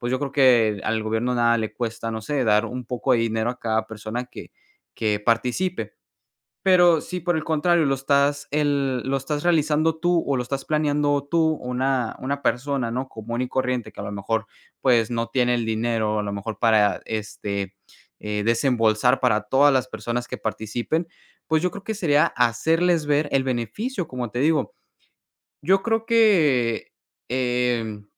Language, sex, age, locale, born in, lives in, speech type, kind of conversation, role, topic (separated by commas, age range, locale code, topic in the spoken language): Spanish, male, 20-24, es-MX, Mexico, United States, conversation, podcast, guest, ¿Cómo motivarías a tu comunidad para plantar más árboles?